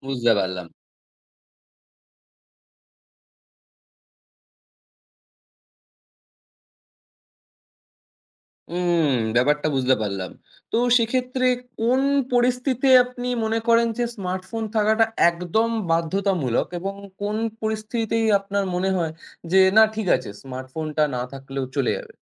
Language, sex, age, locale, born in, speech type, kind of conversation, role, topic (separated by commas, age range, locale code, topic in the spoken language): Bengali, male, 20-24, bn-IN, India, conversation, podcast, host, স্মার্টফোনের ব্যবহার কমিয়ে বাইরে থাকলে কীভাবে আরও সচেতন থাকা যায়?